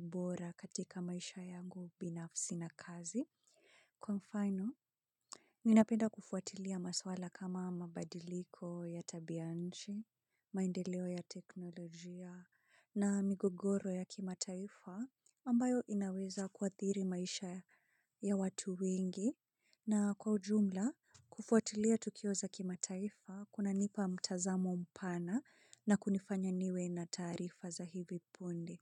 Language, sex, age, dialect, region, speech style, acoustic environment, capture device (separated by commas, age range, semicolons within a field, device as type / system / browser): Swahili, female, 25-34, Kenya - Nairobi Sheng-influenced, Kenya, relaxed; focused, silence; people talking; construction; appliances, mobile / Linux / Chrome